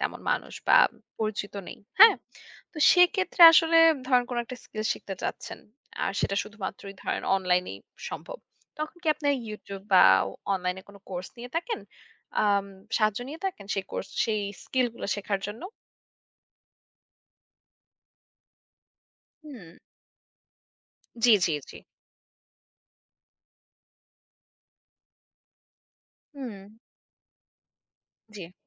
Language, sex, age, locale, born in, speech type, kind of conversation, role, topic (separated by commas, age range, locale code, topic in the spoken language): Bengali, female, 25-29, bn-BD, Bangladesh, conversation, podcast, host, নতুন কোনো দক্ষতা শিখতে আপনি কী কী কৌশল ব্যবহার করেন?